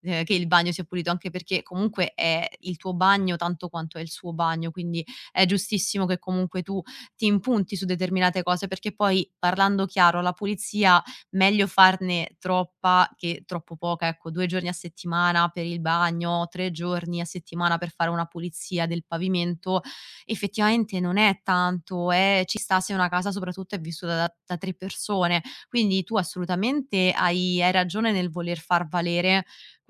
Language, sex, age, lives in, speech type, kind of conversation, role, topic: Italian, female, 25-29, Italy, conversation, advice, advisor, Come posso gestire un disaccordo sulla divisione delle faccende domestiche e del tempo libero?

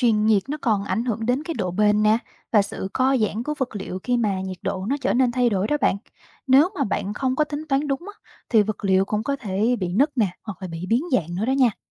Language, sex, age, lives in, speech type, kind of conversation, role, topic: Vietnamese, female, 25-29, Vietnam, read, expert-assistant, expert, Vì sao khi sờ vào kim loại lại cảm thấy lạnh hơn so với gỗ?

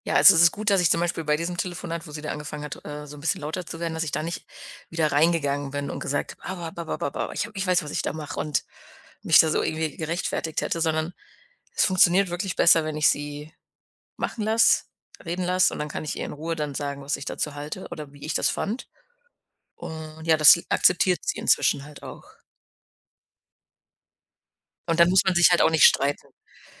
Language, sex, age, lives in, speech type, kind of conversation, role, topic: German, female, 30-34, Germany, conversation, podcast, guest, Wie setzt ihr Grenzen liebevoll durch?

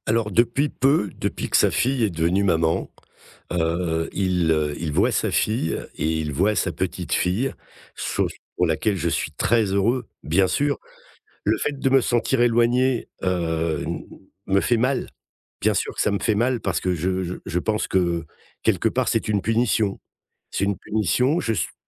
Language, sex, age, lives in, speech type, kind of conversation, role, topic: French, male, 55-59, France, conversation, advice, user, Comment gérer des conflits récurrents avec votre belle-famille ?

